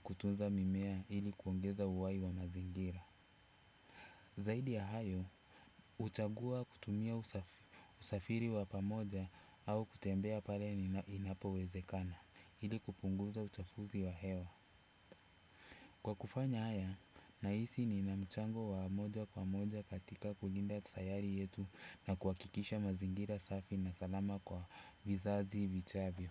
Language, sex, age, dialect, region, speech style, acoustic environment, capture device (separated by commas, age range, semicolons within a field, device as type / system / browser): Swahili, male, 35-44, Kenya - Mombasa, Kenya, relaxed, silence, desktop / Windows / Chrome